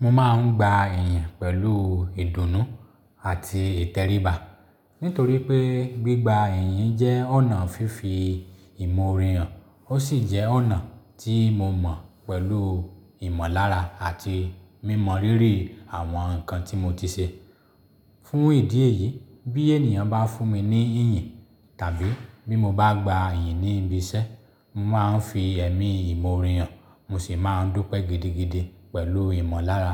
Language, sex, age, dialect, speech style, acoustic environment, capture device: Yoruba, male, 35-44, Nigeria - Lagos Yoruba, happy; excited; focused, silence, mobile / Linux / Chrome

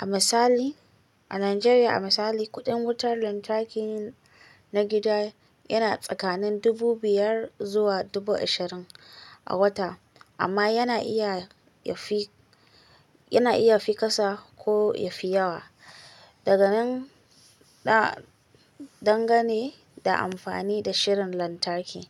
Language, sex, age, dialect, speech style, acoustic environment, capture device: Hausa, female, 25-34, Nigeria - Kano, relaxed, silence, mobile / Linux / Chrome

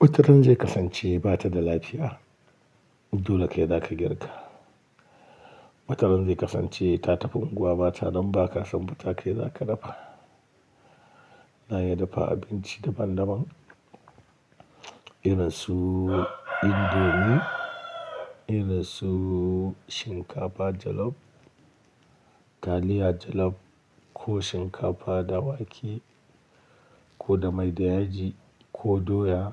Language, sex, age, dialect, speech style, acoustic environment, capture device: Hausa, male, 35-44, Nigeria - Kano, happy, silence, mobile / Linux / Chrome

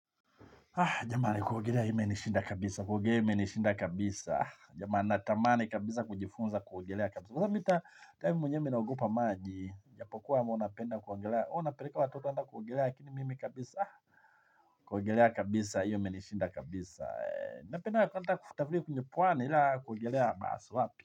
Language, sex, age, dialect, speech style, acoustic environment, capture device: Swahili, male, 45-54, Tanzania - Dar es Salaam, happy, people talking, mobile / Linux / Chrome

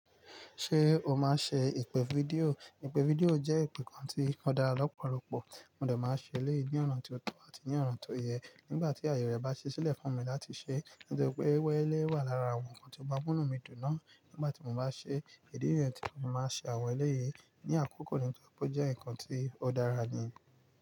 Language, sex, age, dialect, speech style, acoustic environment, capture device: Yoruba, male, 25-34, Nigeria - Nigerian Standard, relaxed, nature, mobile / Linux / Chrome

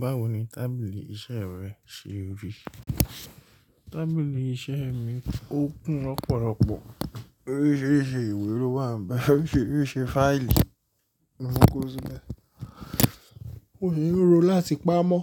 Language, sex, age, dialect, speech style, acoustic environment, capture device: Yoruba, male, 25-34, Nigeria - Nigerian Standard, relaxed, silence, mobile / Linux / Chrome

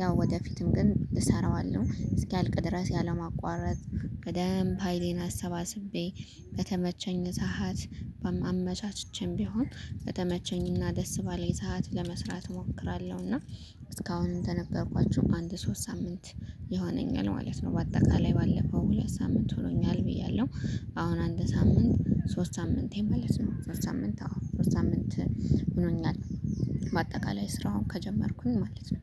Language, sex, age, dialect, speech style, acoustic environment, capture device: Amharic, female, 25-34, Ethiopia - Gondar, focused, nature, mobile / Linux / Chrome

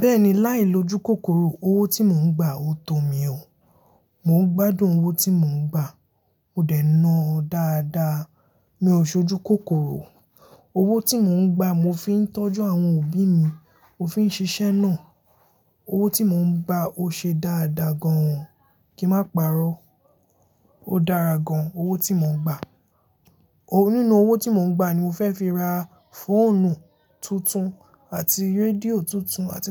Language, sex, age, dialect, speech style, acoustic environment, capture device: Yoruba, male, 18-24, Nigeria - Lagos Yoruba, happy; focused, people talking, mobile / Linux / Chrome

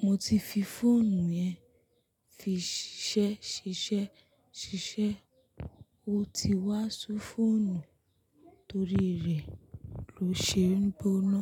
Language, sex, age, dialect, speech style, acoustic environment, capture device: Yoruba, female, 25-34, Nigeria - Lagos Yoruba, focused, nature, mobile / Linux / Chrome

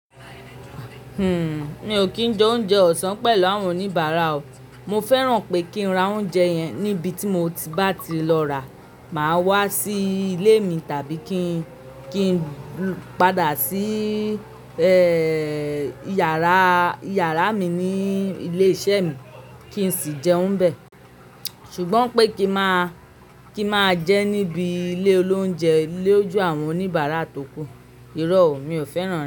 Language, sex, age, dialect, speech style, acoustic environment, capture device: Yoruba, male, 35-44, Nigeria - Lagos Yoruba, happy, people talking; nature, mobile / Linux / Chrome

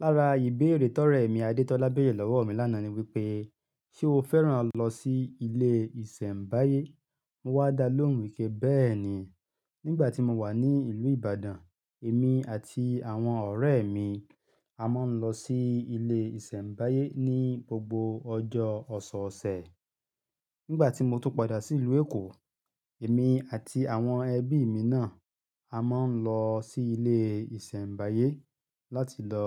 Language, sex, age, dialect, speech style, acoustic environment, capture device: Yoruba, male, 35-44, Nigeria - Ibadan, happy; relaxed; excited; focused, nature, mobile / Linux / Chrome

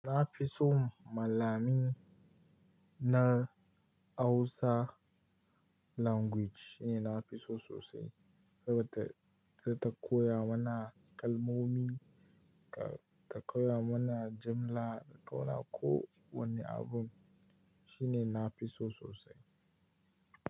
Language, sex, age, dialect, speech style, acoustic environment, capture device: Hausa, male, 18-24, Nigeria - Kano, relaxed; happy, nature, mobile / Linux / Chrome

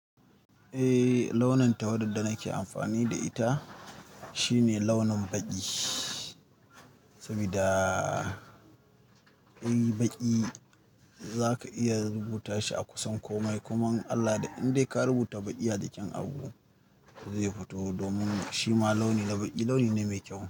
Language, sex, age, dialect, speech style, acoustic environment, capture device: Hausa, male, 25-34, Nigeria - Kano, nervous; excited; focused; stressed; happy; anxious; tired; relaxed, music; people talking; nature; silence; traffic; construction; appliances, mobile / Linux / Chrome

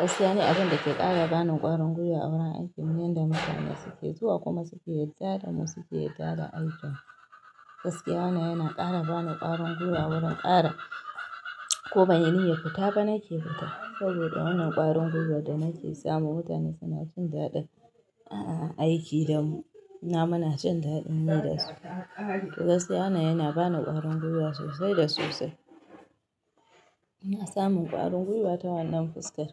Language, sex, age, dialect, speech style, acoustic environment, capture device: Hausa, female, 25-34, Nigeria - Kano, happy, nature; people talking, mobile / Linux / Chrome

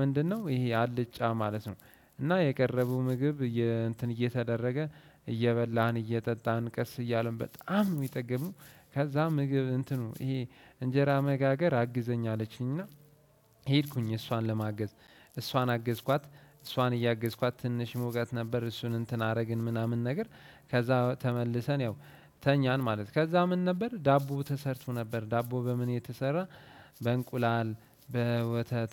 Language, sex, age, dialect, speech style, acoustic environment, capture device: Amharic, male, 18-24, Ethiopia - Addis Ababa, relaxed, nature; silence, desktop / Windows / Chrome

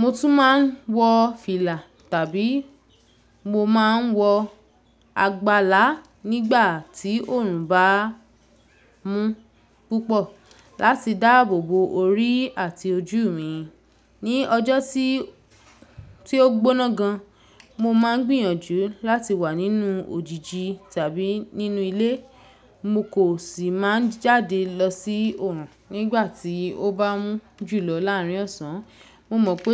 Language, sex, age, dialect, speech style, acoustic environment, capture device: Yoruba, female, 25-34, Nigeria - Lagos Yoruba, happy; excited, nature, mobile / Linux / Chrome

